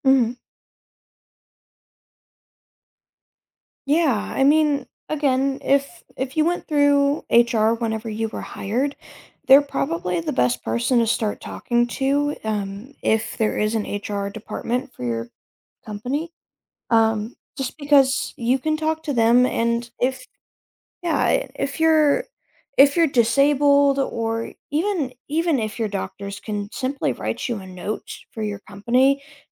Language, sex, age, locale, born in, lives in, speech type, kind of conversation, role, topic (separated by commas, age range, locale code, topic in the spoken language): English, other, 20-24, en-US, United States, United States, conversation, advice, advisor, How can I manage being overwhelmed at a new job?